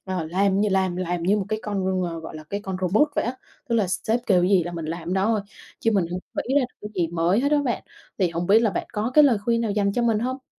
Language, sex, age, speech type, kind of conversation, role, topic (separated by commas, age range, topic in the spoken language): Vietnamese, female, 25-29, conversation, advice, user, Bạn đang cảm thấy kiệt sức và không còn cảm hứng sáng tạo phải không?